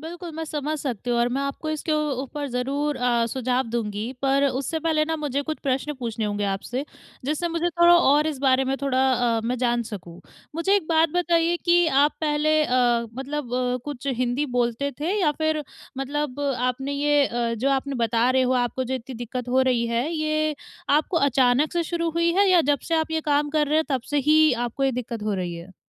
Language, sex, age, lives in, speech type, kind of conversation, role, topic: Hindi, female, 20-24, India, conversation, advice, advisor, दैनिक संवाद और बोलचाल का अभ्यास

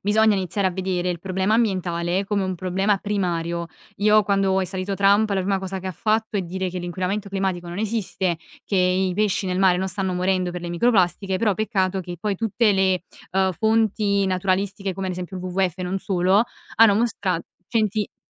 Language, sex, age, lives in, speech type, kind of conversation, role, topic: Italian, female, 20-24, Italy, conversation, podcast, guest, Come si può ridurre la plastica nella vita di tutti i giorni?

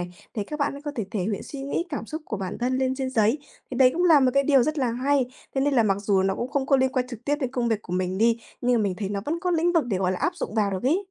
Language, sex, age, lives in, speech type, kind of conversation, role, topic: Vietnamese, female, 20-24, Vietnam, conversation, advice, user, Làm sao để lưu trữ và tổ chức ý tưởng hiệu quả?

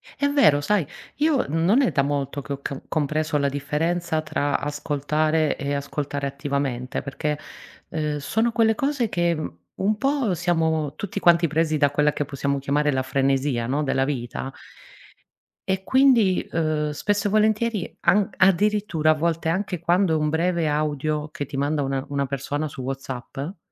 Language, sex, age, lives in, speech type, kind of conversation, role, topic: Italian, female, 40-44, Italy, conversation, podcast, guest, Come creare uno spazio sicuro tra amici?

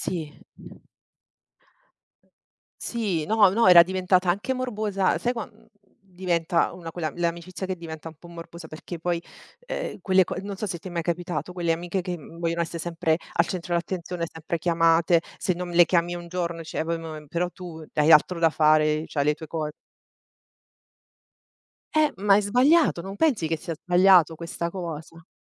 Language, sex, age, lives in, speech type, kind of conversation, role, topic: Italian, female, 40-44, Italy, conversation, unstructured, none, Come si può tornare amici dopo una lite?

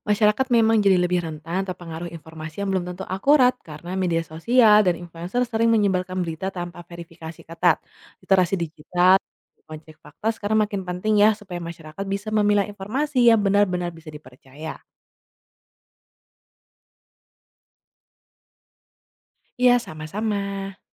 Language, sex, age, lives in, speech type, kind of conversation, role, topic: Indonesian, female, 25-29, Indonesia, read, expert-assistant, expert, Mengapa berita yang viral di satu negara belum tentu menarik di negara lain?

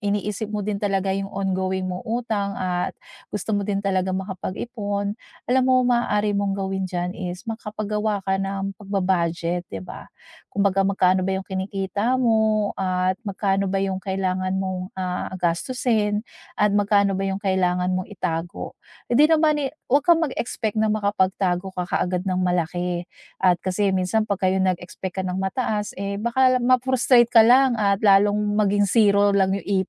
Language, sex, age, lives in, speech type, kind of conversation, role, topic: Filipino, female, 40-44, United States, conversation, advice, advisor, Paano ko mababawasan ang utang at makapag-iipon nang sabay?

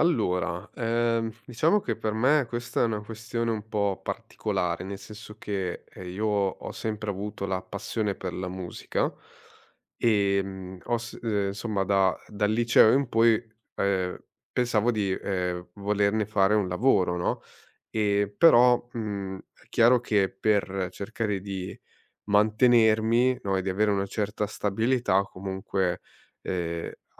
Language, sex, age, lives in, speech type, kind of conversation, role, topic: Italian, male, 30-34, Italy, conversation, podcast, guest, Cosa consideri più importante: la passione o la stabilità?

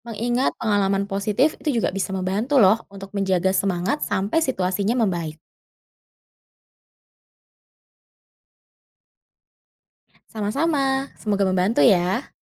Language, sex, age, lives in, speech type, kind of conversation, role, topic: Indonesian, female, 30-34, Indonesia, read, expert-assistant, expert, Bagaimana proses adaptasi tubuh manusia terhadap aktivitas luar ruangan ekstrem seperti panjat tebing atau arung jeram?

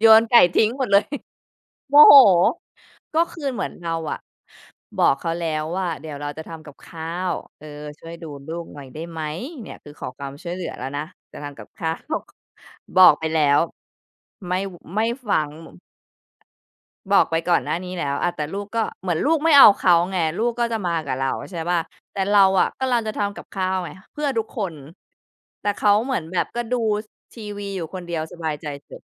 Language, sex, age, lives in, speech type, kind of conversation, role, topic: Thai, female, 35-39, United States, conversation, podcast, guest, เคยต้องขอความช่วยเหลือไหม แล้วเริ่มยังไง?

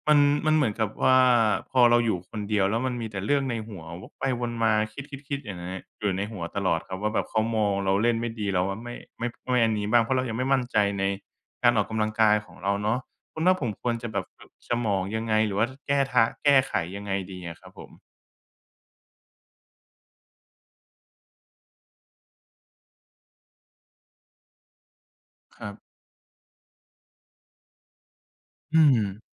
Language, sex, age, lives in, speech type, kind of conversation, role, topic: Thai, male, 25-29, Thailand, conversation, advice, user, ทำอย่างไรดีเมื่อรู้สึกอายเวลาซ้อมที่ยิมเพราะมีคนอื่นมอง?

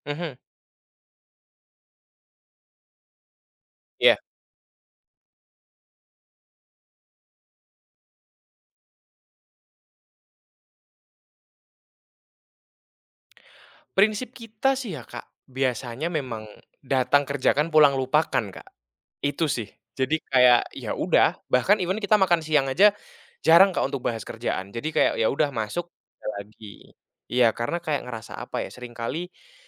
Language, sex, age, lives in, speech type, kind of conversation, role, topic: Indonesian, male, 20-24, Indonesia, conversation, podcast, guest, Bagaimana Anda mengatur waktu untuk menekuni hobi saat minggu sedang sibuk?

